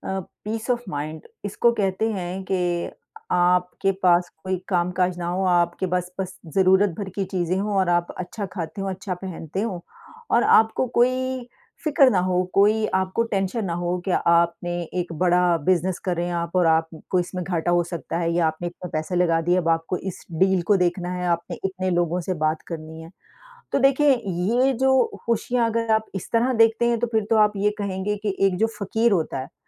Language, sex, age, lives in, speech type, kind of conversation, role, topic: Hindi, female, 50-54, United States, conversation, unstructured, none, क्या पैसे से खुशियाँ खरीदी जा सकती हैं, और आपका इस बारे में क्या मानना है?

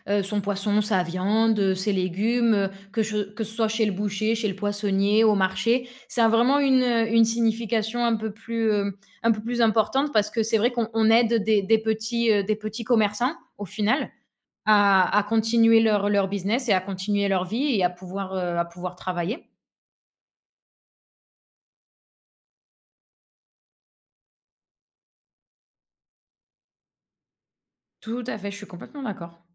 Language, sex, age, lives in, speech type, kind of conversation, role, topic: French, female, 25-29, Spain, conversation, unstructured, none, As-tu une odeur qui te rappelle un moment spécial ?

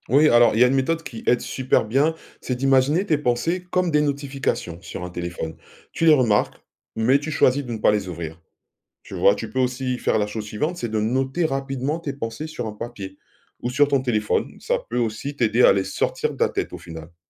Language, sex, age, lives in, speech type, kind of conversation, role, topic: French, male, 45-49, France, read, expert-assistant, expert, Comment trouver un bon équilibre entre prendre soin des patients et préserver son énergie au travail ?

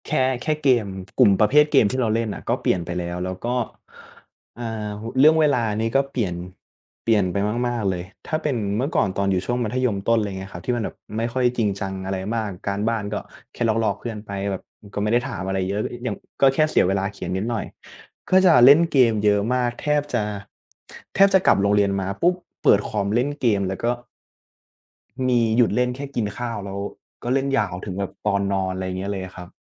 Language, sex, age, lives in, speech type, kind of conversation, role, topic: Thai, male, 20-24, Thailand, conversation, unstructured, none, คุณชอบทำกิจกรรมอะไรในเวลาว่างมากที่สุด?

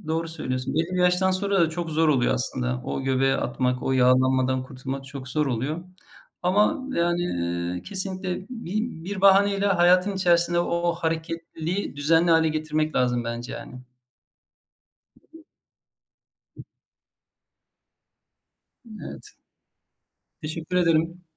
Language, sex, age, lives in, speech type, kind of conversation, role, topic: Turkish, male, 45-49, Germany, conversation, unstructured, none, Hareketsiz kalmak sizin için ne kadar ciddi bir sağlık tehdidi oluşturuyor?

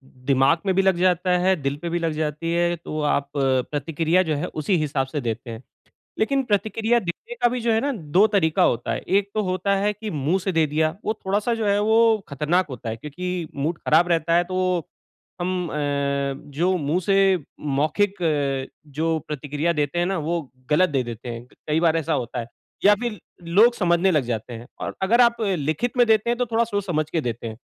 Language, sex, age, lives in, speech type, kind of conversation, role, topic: Hindi, male, 40-44, India, conversation, advice, advisor, मैं प्रतिक्रिया का उपयोग करके खुद को कैसे सुधार सकता/सकती हूँ?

